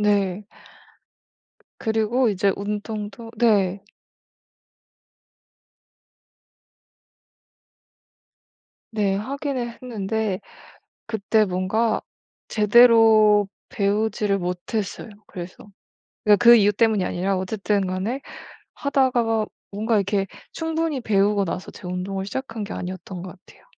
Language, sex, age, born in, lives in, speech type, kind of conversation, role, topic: Korean, female, 25-29, South Korea, Germany, conversation, advice, user, 개인 트레이너나 운동 프로그램을 선택할 때 왜 신뢰가 가지 않나요?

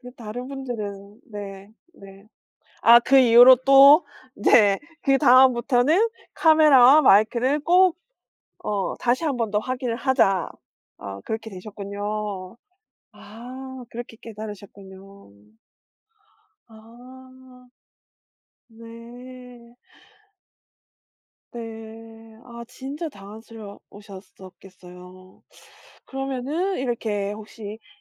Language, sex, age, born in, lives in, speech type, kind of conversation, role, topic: Korean, female, 40-44, South Korea, South Korea, conversation, podcast, host, 아주 웃겼던 실수나 당황스러운 순간은요?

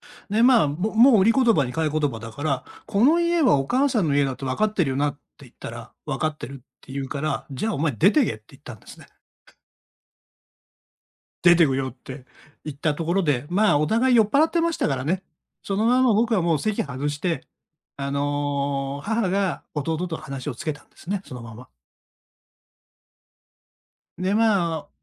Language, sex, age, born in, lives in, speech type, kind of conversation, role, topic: Japanese, male, 60-64, Japan, Japan, conversation, advice, user, 遺産やお金の分配で兄弟姉妹ともめている状況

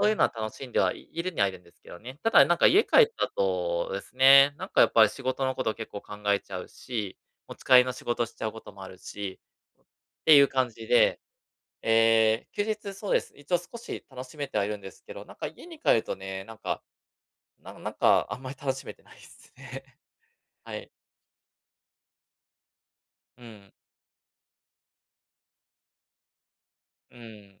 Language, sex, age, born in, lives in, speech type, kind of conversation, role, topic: Japanese, male, 35-39, Japan, Japan, conversation, advice, user, 家で映画や音楽、本などの娯楽を楽しめないのはなぜでしょうか？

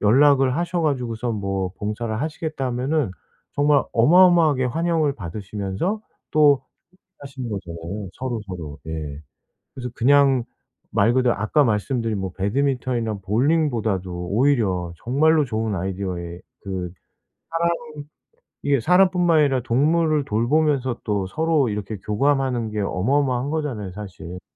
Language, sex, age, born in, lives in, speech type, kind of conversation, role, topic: Korean, male, 45-49, South Korea, South Korea, conversation, advice, advisor, 새로운 환경에서 친한 친구를 쉽게 사귀려면 어떻게 해야 하나요?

